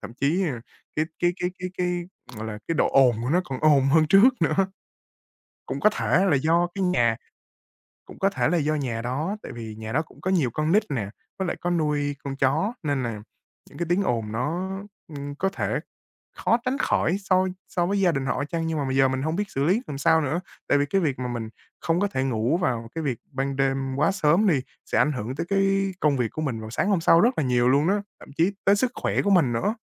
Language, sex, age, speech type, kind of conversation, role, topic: Vietnamese, male, 20-24, conversation, advice, user, Bạn nên xử lý thế nào khi bị chủ nhà hoặc hàng xóm mới chuyển đến làm phiền vì tiếng ồn hoặc các quy định?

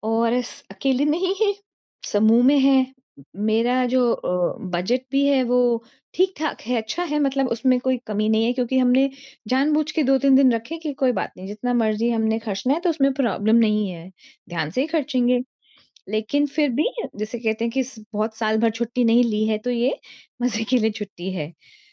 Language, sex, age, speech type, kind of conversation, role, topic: Hindi, female, 40-44, conversation, advice, user, मैं सीमित समय में अधिक स्थान कैसे देख सकता/सकती हूँ?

